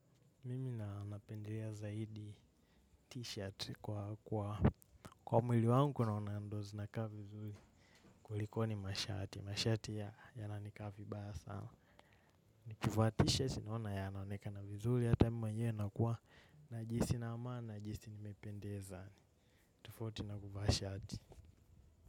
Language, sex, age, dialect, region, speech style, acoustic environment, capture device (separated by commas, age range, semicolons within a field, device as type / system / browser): Swahili, male, 18-24, Kenya - Nairobi Sheng-influenced, Kenya, relaxed, silence, mobile / macOS / Safari